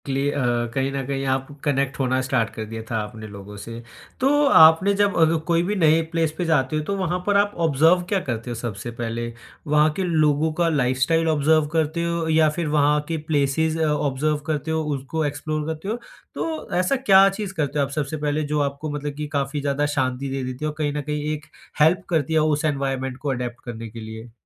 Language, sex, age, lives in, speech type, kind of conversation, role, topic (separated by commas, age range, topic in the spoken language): Hindi, male, 20-24, India, conversation, podcast, host, Naye shehar mein aap logon se rishta banana kaise shuru karte hain?